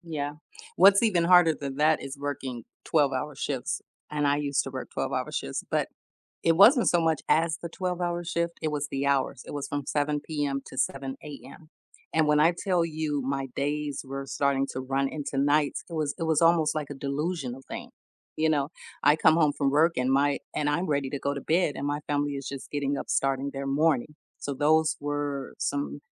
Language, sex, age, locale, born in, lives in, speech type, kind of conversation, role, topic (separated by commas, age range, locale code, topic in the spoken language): English, female, 45-49, en-US, United States, United States, conversation, podcast, host, How do you decide when a project is finished?